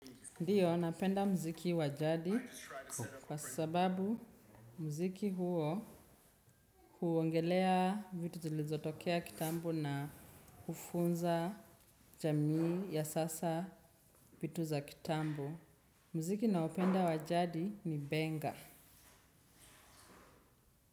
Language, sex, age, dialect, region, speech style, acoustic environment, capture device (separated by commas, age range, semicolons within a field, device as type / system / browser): Swahili, female, 25-34, Kenya - Nairobi Sheng-influenced, Kenya, relaxed, appliances; people talking, desktop / Windows / Chrome